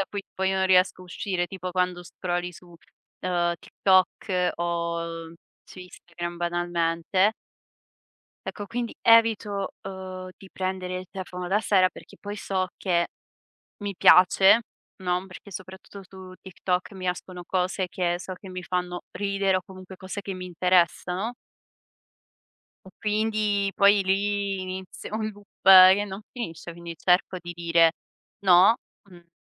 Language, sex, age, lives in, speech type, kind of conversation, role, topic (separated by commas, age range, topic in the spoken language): Italian, female, 25-29, Italy, conversation, podcast, guest, Come stabilisci i confini per proteggere il tuo riposo?